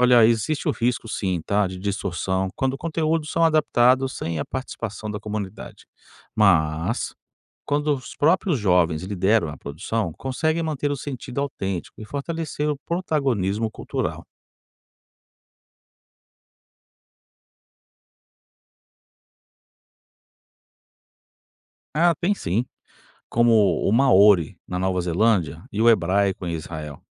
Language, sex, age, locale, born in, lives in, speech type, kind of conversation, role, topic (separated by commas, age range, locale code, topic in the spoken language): Portuguese, male, 45-49, pt-BR, Brazil, United States, read, expert-assistant, expert, De onde vêm as informações que dizem que uma língua está em risco de sumir? Dá pra confiar nelas?